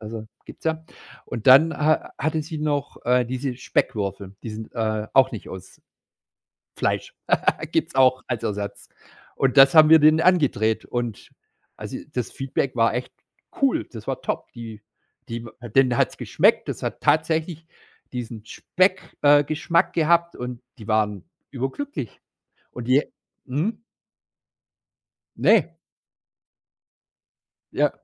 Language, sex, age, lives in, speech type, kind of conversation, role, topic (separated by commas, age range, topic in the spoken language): German, male, 45-49, Germany, conversation, podcast, guest, Hast du ein Rezept schon einmal modernisiert oder veganisiert?